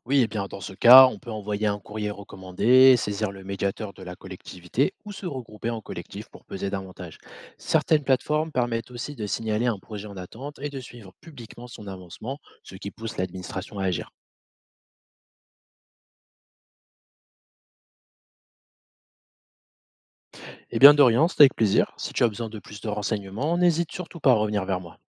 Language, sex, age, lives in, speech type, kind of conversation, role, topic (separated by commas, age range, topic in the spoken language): French, male, 25-29, France, read, expert-assistant, expert, Comment s’assurer que les projets du gouvernement ne restent pas que des promesses ?